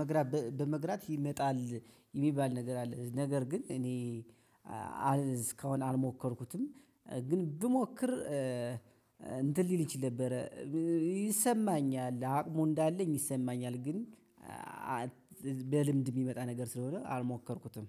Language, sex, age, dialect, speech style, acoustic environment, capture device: Amharic, male, 25-34, Ethiopia - Gojjam, happy; excited, people talking; nature, mobile / Linux / Chrome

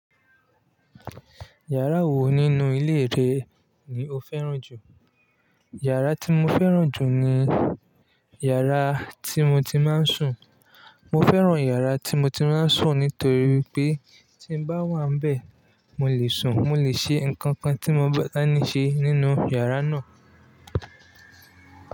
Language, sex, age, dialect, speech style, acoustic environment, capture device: Yoruba, male, 25-34, Nigeria - Lagos Yoruba, relaxed, traffic; people talking; music, mobile / Linux / Chrome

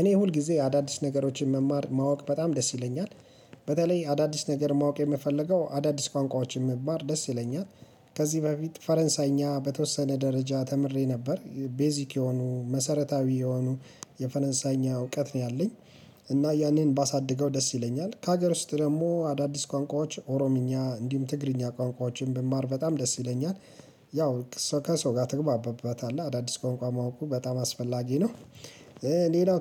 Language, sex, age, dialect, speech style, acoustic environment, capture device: Amharic, male, 35-44, Ethiopia - Addis Ababa, relaxed, silence, mobile / Linux / Chrome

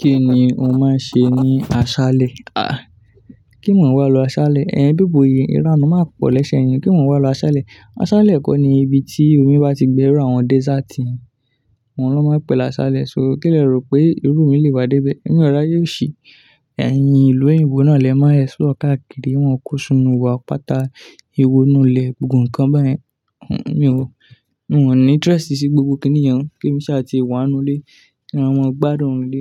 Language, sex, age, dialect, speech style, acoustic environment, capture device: Yoruba, male, 18-24, Nigeria - Ibadan, focused; relaxed, nature, desktop / Linux / Chrome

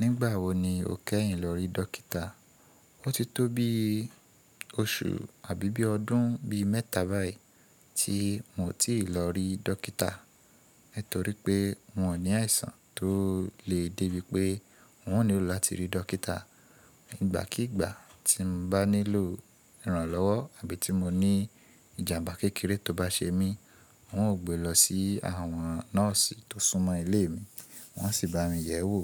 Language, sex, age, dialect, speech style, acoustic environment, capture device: Yoruba, male, 25-34, Nigeria - Ibadan, relaxed, appliances, mobile / Linux / Chrome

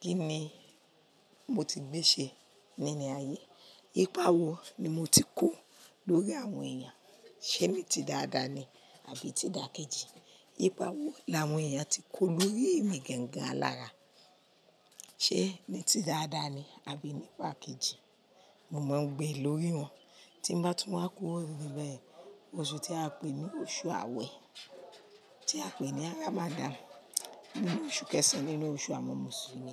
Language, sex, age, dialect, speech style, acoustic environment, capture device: Yoruba, female, 45-54, Nigeria - Nigerian Standard, happy, silence, mobile / Linux / Chrome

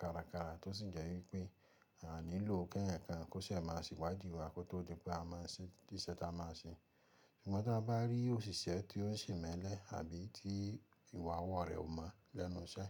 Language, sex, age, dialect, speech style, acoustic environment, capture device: Yoruba, male, 25-34, Nigeria - Ibadan, relaxed; happy, nature, mobile / Linux / Chrome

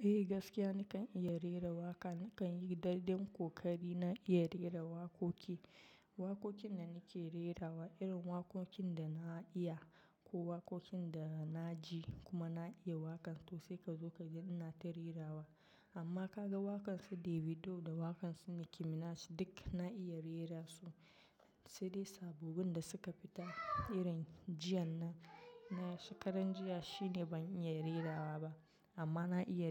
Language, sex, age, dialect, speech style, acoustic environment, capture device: Hausa, female, 25-34, Nigeria - Nigerian Standard, relaxed; focused, silence, mobile / macOS / Safari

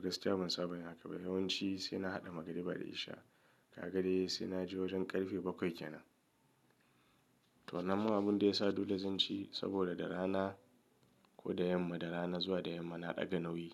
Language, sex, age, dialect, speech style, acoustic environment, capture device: Hausa, male, 35-44, Nigeria - Kano, nervous; excited; stressed; anxious, music; nature, mobile / Linux / Chrome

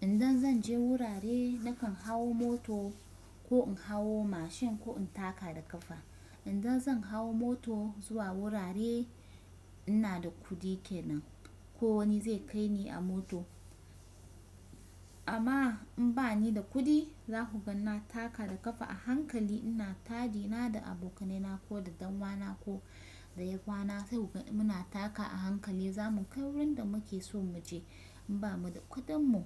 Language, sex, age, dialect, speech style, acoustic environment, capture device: Hausa, female, 25-34, Nigeria - Kano, relaxed, nature, desktop / Windows / Chrome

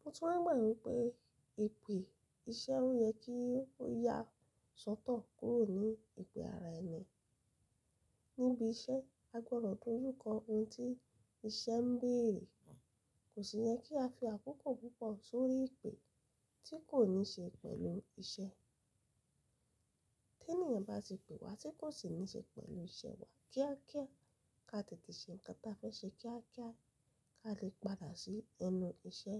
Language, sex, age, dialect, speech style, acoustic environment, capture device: Yoruba, female, 25-34, Nigeria - Lagos Yoruba, happy; relaxed; excited, silence, mobile / Linux / Chrome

